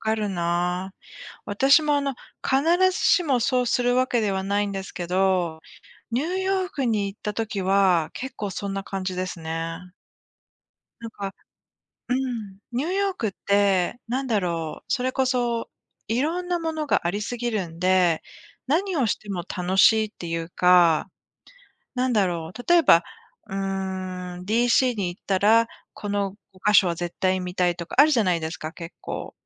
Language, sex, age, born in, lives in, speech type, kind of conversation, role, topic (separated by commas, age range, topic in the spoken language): Japanese, female, 45-49, Japan, United States, conversation, unstructured, none, 旅行に行くとき、何を一番楽しみにしていますか？